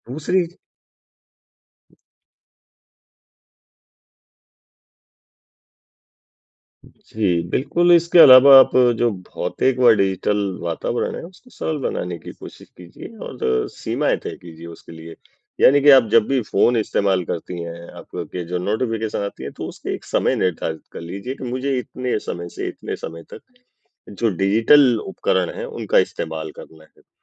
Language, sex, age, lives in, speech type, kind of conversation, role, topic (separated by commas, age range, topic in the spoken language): Hindi, male, 40-44, India, conversation, advice, advisor, डिजिटल सूचनाओं से ध्यान भटकना कम करने के लिए मैं क्या बदलाव कर सकता/सकती हूँ?